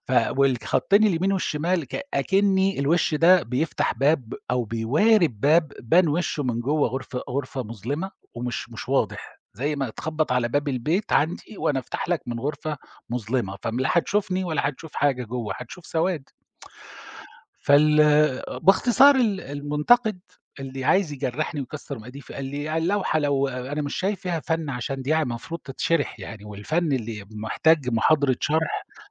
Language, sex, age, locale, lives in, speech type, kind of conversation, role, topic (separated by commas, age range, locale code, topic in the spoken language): Arabic, male, 50-54, ar-SA, Germany, conversation, podcast, guest, إزاي بتدي ملاحظات بطريقة بنّاءة؟